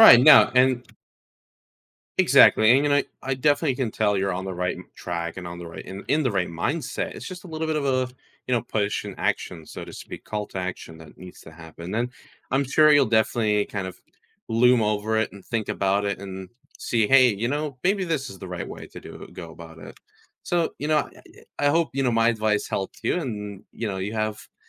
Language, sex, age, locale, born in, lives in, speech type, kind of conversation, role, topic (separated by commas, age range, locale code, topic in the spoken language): English, male, 20-24, en-US, United States, United States, conversation, advice, advisor, How can I approach a difficult conversation?